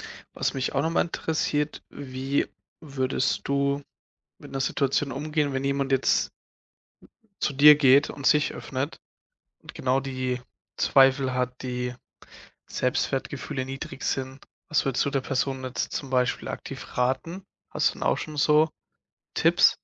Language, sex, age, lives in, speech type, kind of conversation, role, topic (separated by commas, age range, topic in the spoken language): German, male, 20-24, Germany, conversation, podcast, host, Wie gehst du trotz Mentoring mit dem Hochstapler-Syndrom um?